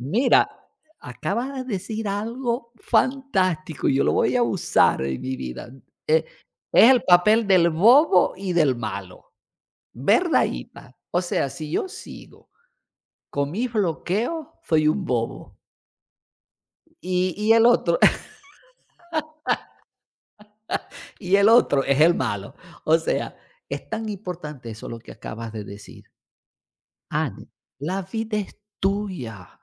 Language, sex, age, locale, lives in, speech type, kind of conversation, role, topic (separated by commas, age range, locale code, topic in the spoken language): Spanish, male, 55-59, es-VE, Portugal, conversation, unstructured, none, ¿Crees que deberías cambiar tus sueños por lo que otras personas esperan de ti?